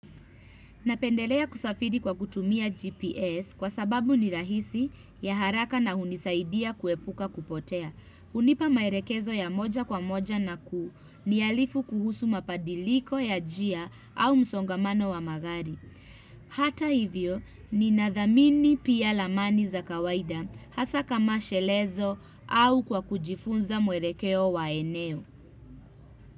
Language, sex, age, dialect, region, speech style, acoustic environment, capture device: Swahili, female, 25-34, Kenya - Nairobi Sheng-influenced, Kenya, happy, music, desktop / Windows / Chrome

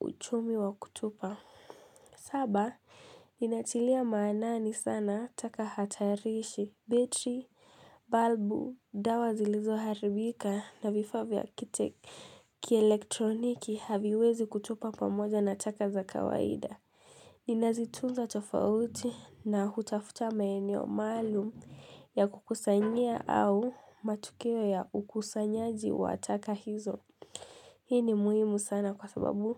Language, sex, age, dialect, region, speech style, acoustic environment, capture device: Swahili, female, 18-24, Kenya - Mombasa, Kenya, tired, silence, mobile / Linux / Chrome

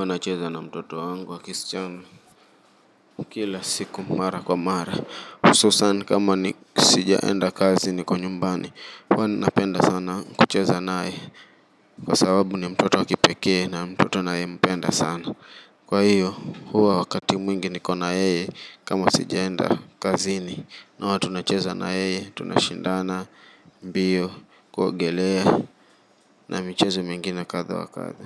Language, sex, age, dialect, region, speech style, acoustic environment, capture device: Swahili, male, 25-34, Kenya - Mombasa, Kenya, relaxed, silence, mobile / Linux / Chrome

